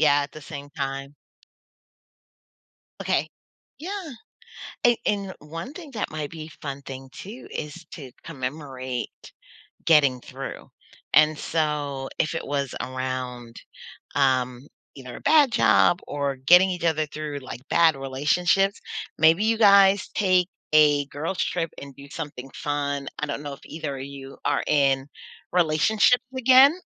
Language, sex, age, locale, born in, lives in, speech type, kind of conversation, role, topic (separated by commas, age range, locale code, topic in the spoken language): English, female, 40-44, en-US, United States, United States, conversation, advice, advisor, How can I thank a friend who has been really supportive?